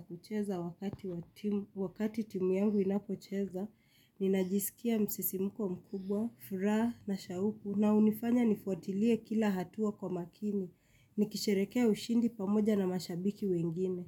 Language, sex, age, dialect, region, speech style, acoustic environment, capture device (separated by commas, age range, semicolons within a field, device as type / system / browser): Swahili, female, 25-34, Kenya - Nairobi Sheng-influenced, Kenya, excited; relaxed, silence, mobile / Linux / Chrome